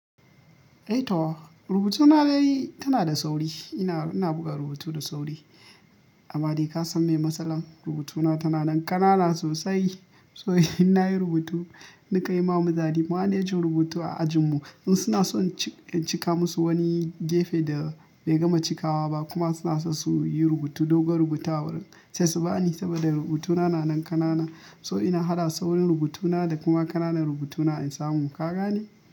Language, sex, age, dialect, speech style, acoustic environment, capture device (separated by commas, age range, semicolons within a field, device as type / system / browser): Hausa, male, 25-34, Nigeria - Kano, happy, nature, mobile / Linux / Chrome